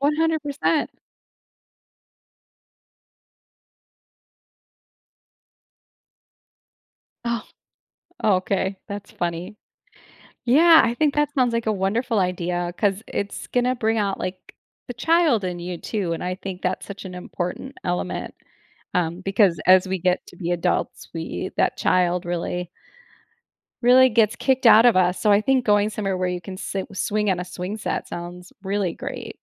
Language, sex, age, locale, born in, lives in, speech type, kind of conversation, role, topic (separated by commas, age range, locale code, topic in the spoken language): English, female, 45-49, en-US, United States, United States, conversation, advice, advisor, How can I spend my free time more meaningfully?